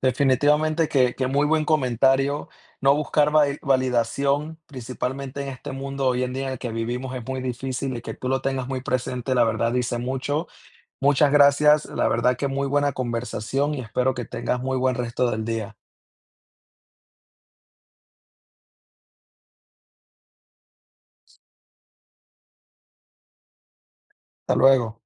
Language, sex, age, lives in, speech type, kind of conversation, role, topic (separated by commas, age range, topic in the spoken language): Spanish, male, 30-34, United States, conversation, podcast, host, ¿Cómo describirías quién eres hoy en pocas palabras?